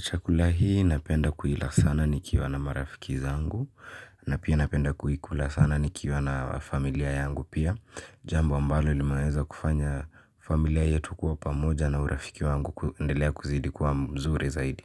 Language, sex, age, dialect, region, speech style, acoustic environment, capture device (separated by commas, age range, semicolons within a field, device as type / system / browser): Swahili, male, 18-24, Kenya - Nairobi Sheng-influenced, Kenya, happy; relaxed; focused; excited, silence, mobile / Linux / Chrome